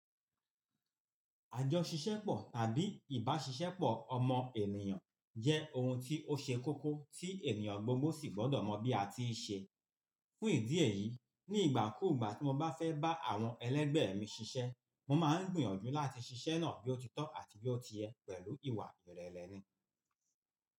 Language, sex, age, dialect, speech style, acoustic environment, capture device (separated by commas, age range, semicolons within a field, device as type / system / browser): Yoruba, male, 45-54, Nigeria - Lagos Yoruba, relaxed, nature; appliances; silence, mobile / Linux / Chrome